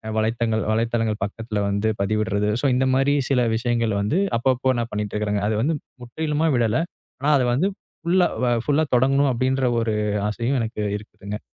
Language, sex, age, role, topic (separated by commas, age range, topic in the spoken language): Tamil, male, 25-29, guest, நீங்கள் மீண்டும் தொடங்க விரும்பும் பழைய பொழுதுபோக்கு எது?